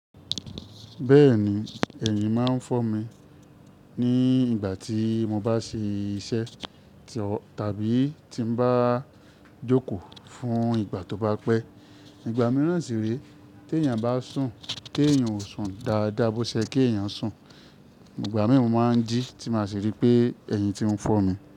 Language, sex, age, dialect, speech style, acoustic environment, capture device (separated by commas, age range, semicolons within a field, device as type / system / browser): Yoruba, male, 25-34, Nigeria - Ibadan, happy; excited, nature; silence, mobile / Linux / Chrome